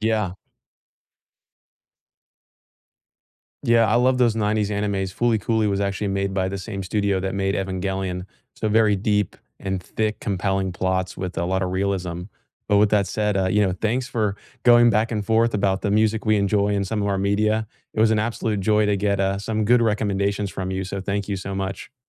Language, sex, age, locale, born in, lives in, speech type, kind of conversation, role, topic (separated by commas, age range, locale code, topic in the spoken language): English, male, 20-24, en-US, United States, United States, conversation, unstructured, none, Which movie, TV, or video game soundtracks and scores have stayed with you for years, and what memories do they bring back?